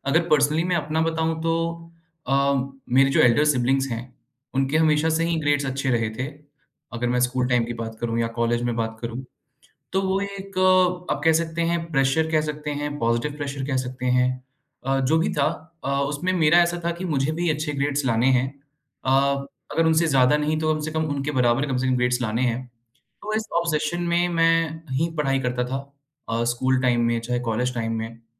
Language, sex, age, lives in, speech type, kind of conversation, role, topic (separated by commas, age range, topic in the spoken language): Hindi, male, 25-29, India, conversation, podcast, guest, Grades ki obsession chhodne ke baad tumhe kya asar dikhai diya?